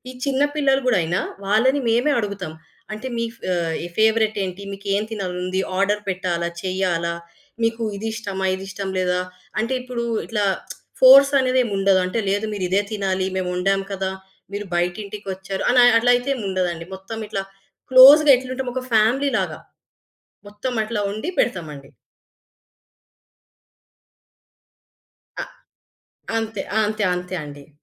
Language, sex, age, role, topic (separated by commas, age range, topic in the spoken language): Telugu, female, 25-29, guest, అతిథులకు ప్రత్యేక ఆహార అవసరాలు ఉంటే మీరు విందును ఎలా ప్రణాళిక చేస్తారు?